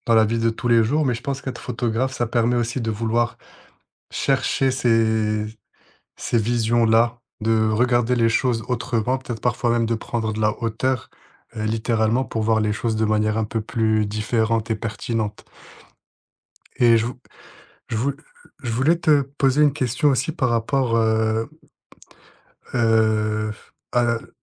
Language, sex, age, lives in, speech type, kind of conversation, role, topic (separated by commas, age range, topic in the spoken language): French, male, 25-29, France, conversation, podcast, host, Comment trouves-tu ta voix artistique ?